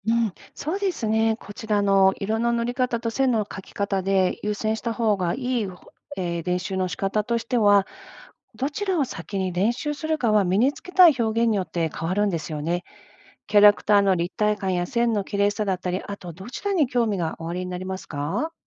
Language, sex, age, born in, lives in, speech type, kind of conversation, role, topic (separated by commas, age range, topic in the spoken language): Japanese, female, 50-54, Japan, United States, read, expert-assistant, expert, デジタルアートを独学で上達させるコツって何がありますか？